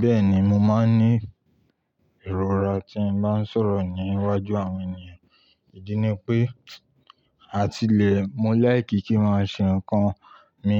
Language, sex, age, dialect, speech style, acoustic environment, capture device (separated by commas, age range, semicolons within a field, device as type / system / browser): Yoruba, male, 25-34, Nigeria - Ibadan, happy; relaxed, silence, mobile / Linux / Chrome